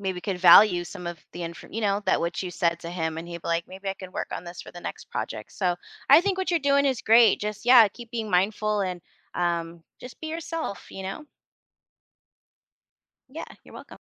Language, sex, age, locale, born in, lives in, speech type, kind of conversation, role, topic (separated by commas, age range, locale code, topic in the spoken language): English, female, 40-44, en-US, United States, United States, conversation, advice, advisor, How can I stop feeling awkward after embarrassing myself?